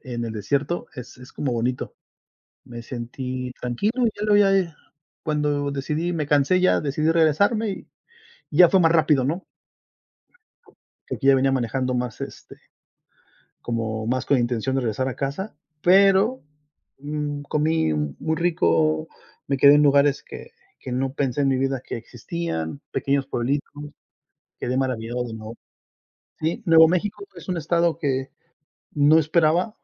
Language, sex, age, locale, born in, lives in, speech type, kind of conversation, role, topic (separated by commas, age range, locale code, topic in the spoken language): Spanish, male, 40-44, es-MX, Mexico, United States, conversation, unstructured, none, ¿Cuál ha sido tu viaje más inolvidable hasta ahora?